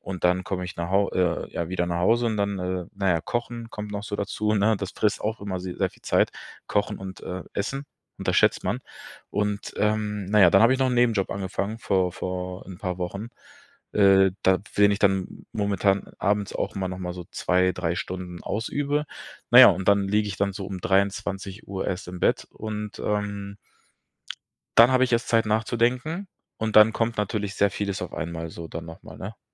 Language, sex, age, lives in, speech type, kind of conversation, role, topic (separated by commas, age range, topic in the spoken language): German, male, 25-29, Germany, conversation, advice, user, Wie kann ich meine Alltagsroutinen an körperliche Veränderungen anpassen?